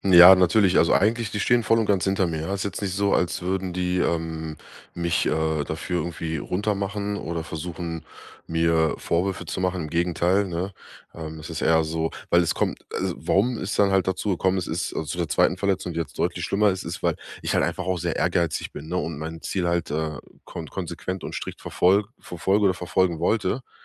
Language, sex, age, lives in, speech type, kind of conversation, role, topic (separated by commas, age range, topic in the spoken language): German, male, 30-34, Germany, conversation, advice, user, Wie kann ich aufhören, mich ständig selbst zu kritisieren und an mir zu zweifeln?